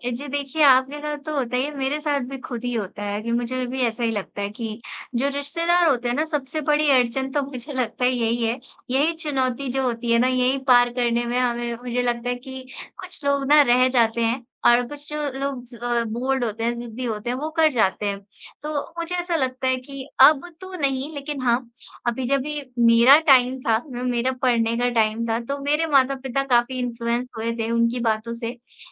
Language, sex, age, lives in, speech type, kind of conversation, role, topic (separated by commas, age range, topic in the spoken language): Hindi, female, 25-29, India, conversation, unstructured, none, आप अपने सपनों को पूरा करने में सबसे बड़ी चुनौती क्या मानते हैं?